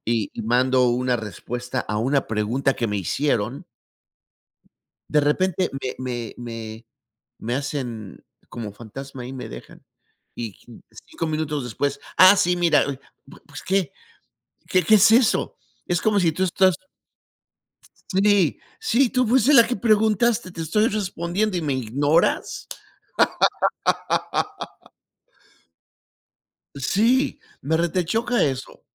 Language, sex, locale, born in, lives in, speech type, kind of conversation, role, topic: Spanish, male, es-MX, Mexico, United States, conversation, unstructured, none, ¿Crees que los dispositivos inteligentes facilitan o complican la vida?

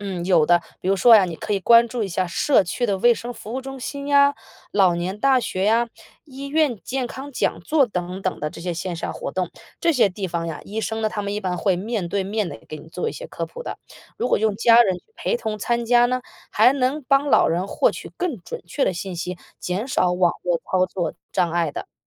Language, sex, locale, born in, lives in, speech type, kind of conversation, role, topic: Chinese, female, zh-CN, China, United States, read, expert-assistant, expert, 平时获取护理健康建议时，你会怎么判断信息是不是靠谱的？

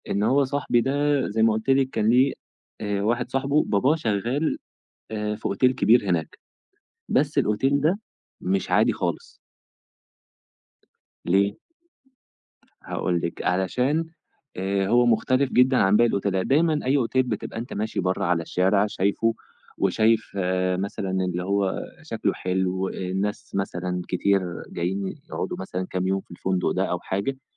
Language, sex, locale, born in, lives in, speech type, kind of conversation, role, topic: Arabic, male, ar-EG, Egypt, Egypt, conversation, podcast, guest, إيه أكتر موقف ضحك حصل لك في السفر ومش ممكن تنساه؟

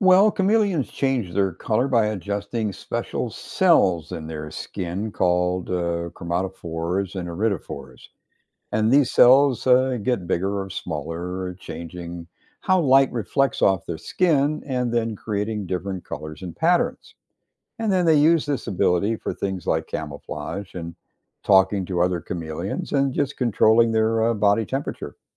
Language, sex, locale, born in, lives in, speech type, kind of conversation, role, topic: English, male, en-US, United States, United States, read, expert-assistant, expert, How do chameleons change color?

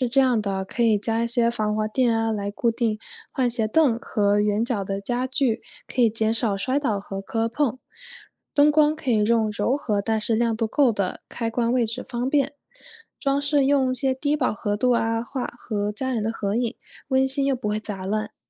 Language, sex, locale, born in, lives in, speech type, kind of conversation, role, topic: Chinese, female, zh-CN, China, United States, read, expert-assistant, expert, 在选择家居装饰风格时，如何平衡个人审美与家庭成员的不同喜好？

